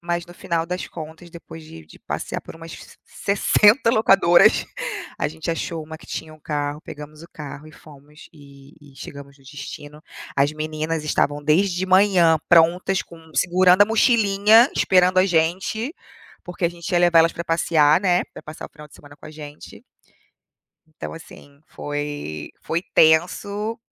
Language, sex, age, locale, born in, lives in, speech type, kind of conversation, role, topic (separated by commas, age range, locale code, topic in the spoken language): Portuguese, female, 35-39, pt-BR, Brazil, United States, conversation, unstructured, none, Qual destino te deixou mais feliz?